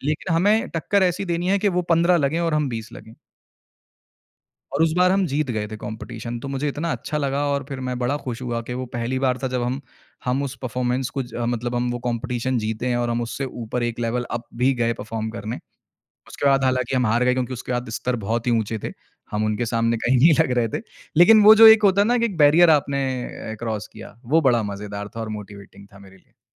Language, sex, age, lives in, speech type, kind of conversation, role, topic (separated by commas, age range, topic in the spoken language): Hindi, male, 25-29, India, conversation, podcast, guest, Motivation kam ho jaaye toh tum kaun-se chhote kadam uthate ho?